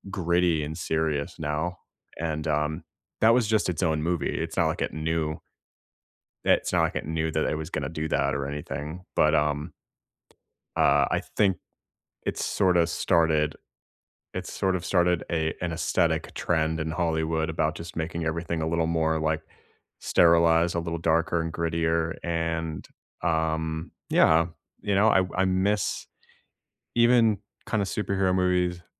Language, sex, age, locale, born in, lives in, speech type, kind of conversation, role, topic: English, male, 30-34, en-US, United States, United States, conversation, unstructured, none, Which songs and movies defined your teenage years, and what memories and connections do they hold?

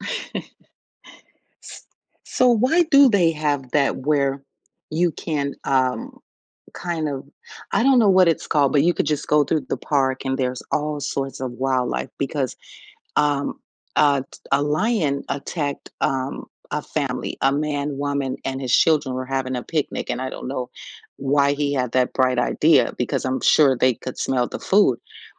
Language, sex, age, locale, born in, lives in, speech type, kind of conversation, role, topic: English, female, 45-49, en-US, United States, United States, conversation, podcast, host, What are some meaningful ways we can all help protect endangered animals and their habitats?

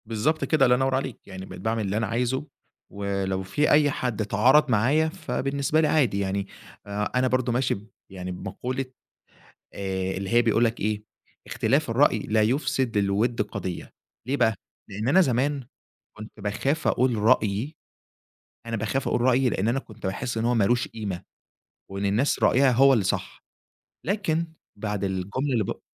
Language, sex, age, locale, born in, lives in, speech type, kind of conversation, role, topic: Arabic, male, 20-24, ar-EG, Egypt, Egypt, conversation, podcast, guest, إزاي تتغلّب على خوفك من إنك تعبّر عن رأيك؟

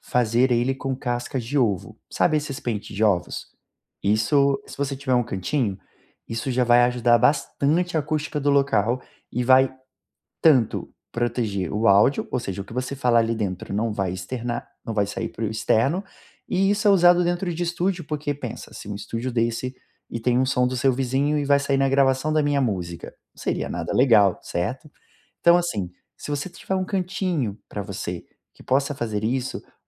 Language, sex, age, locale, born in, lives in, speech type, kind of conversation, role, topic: Portuguese, male, 30-34, pt-BR, Brazil, Portugal, conversation, advice, advisor, Como posso relaxar em casa sem ser interrompido por barulho e distrações?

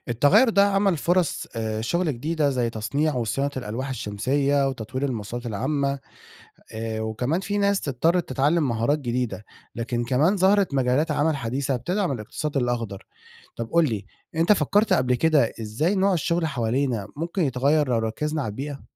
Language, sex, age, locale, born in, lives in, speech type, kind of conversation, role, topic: Arabic, male, 20-24, ar-EG, Egypt, Egypt, read, expert-assistant, expert, ليه درجة حرارة الأرض بتزيد؟ إيه الأسباب اللي ورا التغير المناخي؟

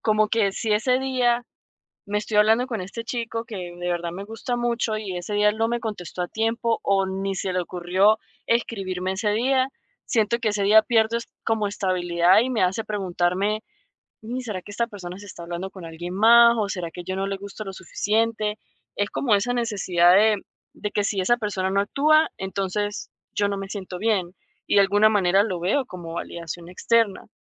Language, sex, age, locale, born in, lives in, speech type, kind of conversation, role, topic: Spanish, female, 30-34, es-VE, Venezuela, United States, conversation, advice, user, ¿Cómo puedo motivarme por mí mismo sin depender de la aprobación de los demás?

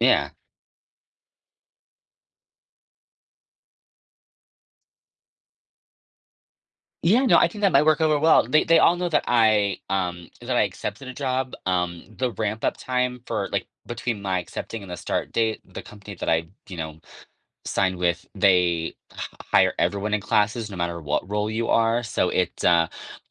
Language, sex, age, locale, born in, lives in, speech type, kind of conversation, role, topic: English, male, 30-34, en-US, United States, United States, conversation, advice, user, How can I stop feeling left out when my friends exclude me?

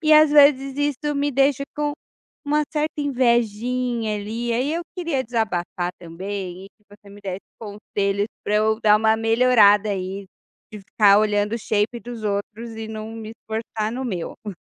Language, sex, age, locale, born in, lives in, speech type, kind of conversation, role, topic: Portuguese, female, 35-39, pt-BR, Brazil, Portugal, conversation, advice, user, Como você se sente pressionado(a) por comparações nas redes sociais e na academia?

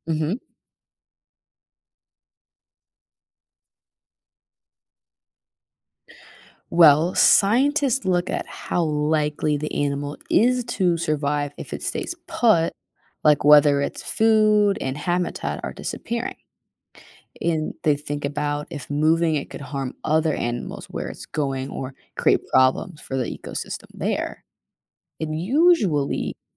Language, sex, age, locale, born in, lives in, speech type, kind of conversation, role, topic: English, female, 20-24, en-US, United States, United States, read, expert-assistant, expert, How do animals adapt to survive in extreme environments?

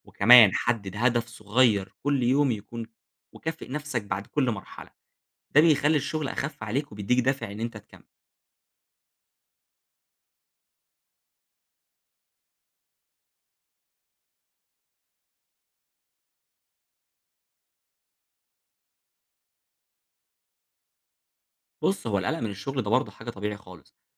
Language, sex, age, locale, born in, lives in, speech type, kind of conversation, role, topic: Arabic, male, 20-24, ar-EG, Egypt, Egypt, read, expert-assistant, expert, لو عندي مشروع كبير محتاج مجهود بدني وذهني لفترة طويلة، أرتب إزاي مصادر الطاقة في جسمي وأتجنب الإرهاق؟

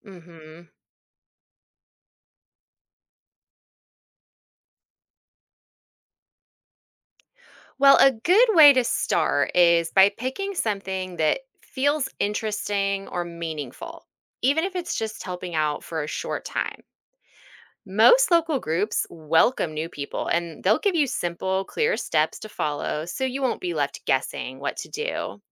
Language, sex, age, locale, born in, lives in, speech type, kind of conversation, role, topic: English, female, 40-44, en-US, United States, United States, read, expert-assistant, expert, Why is volunteering important for local communities?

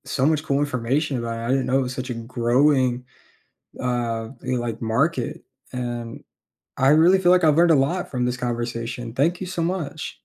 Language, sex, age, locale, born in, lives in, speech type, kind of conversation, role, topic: English, male, 20-24, en-US, United States, United States, read, expert-assistant, asker, How does technology impact job opportunities across industries?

